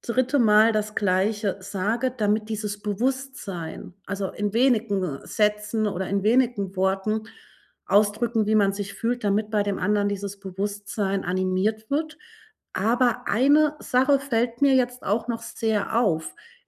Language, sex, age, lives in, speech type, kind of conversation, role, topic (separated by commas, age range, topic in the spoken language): German, female, 40-44, Germany, conversation, advice, advisor, Wie kläre ich Missverständnisse in einer Freundschaft, ohne Streit zu verursachen?